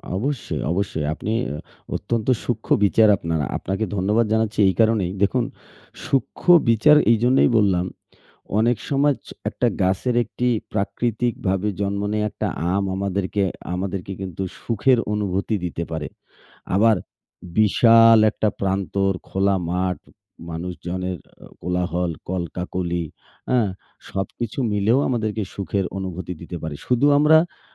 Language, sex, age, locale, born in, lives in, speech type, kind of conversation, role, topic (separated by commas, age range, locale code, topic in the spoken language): Bengali, male, 40-44, bn-BD, Bangladesh, Bangladesh, conversation, unstructured, none, প্রকৃতির সৌন্দর্য আমরা কীভাবে উপভোগ করতে পারি?